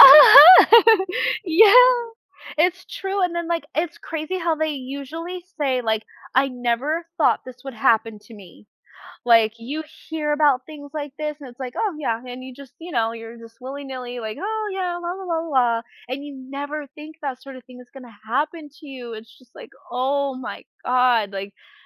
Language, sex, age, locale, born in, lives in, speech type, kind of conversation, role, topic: English, female, 30-34, en-US, United States, United States, conversation, unstructured, none, How might having the ability to read minds change the way we interact with others?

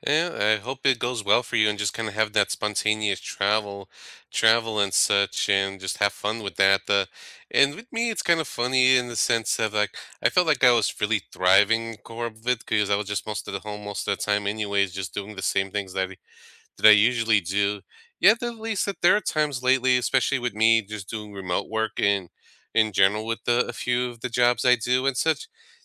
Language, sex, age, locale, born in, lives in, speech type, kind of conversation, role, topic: English, male, 35-39, en-US, United States, United States, conversation, unstructured, none, Do you prefer packing a minimalist carry-on or bringing everything for comfort when you travel?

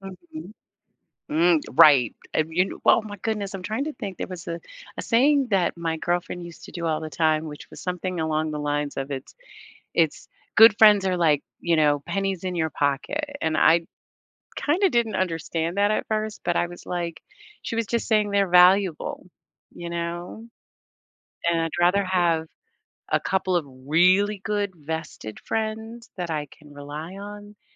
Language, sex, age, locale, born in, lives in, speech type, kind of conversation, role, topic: English, female, 55-59, en-US, United States, United States, conversation, unstructured, none, How do you stay motivated when others doubt your ambitions?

